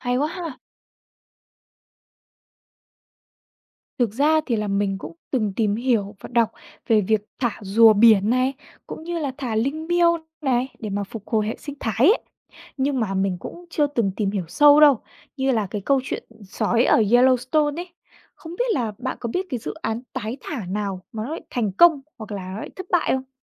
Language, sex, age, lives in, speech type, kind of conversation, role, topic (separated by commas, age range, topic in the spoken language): Vietnamese, female, 20-24, Vietnam, read, expert-assistant, asker, Nếu chỉ có thời gian đọc về một số loài động vật trong tuần này, bạn sẽ chọn loài nào để tìm hiểu trước, dựa trên sự độc đáo hoặc vai trò của chúng trong môi trường sống?